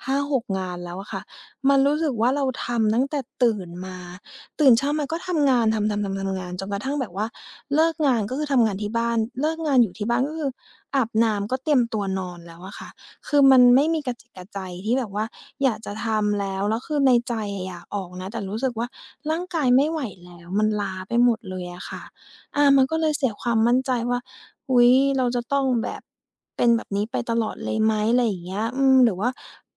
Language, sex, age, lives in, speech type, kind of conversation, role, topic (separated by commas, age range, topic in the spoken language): Thai, female, 30-34, Thailand, conversation, advice, user, จะเริ่มโปรแกรมออกกำลังกายอย่างไรดีเมื่อยังขาดความมั่นใจ?